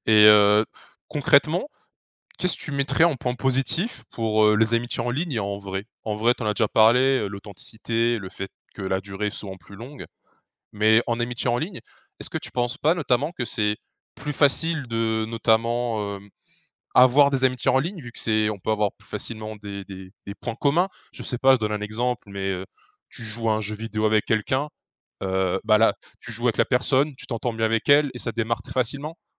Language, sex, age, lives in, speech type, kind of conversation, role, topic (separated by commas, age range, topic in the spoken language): French, male, 20-24, France, conversation, podcast, host, Comment compares-tu les amitiés en ligne et les amitiés dans la vie réelle ?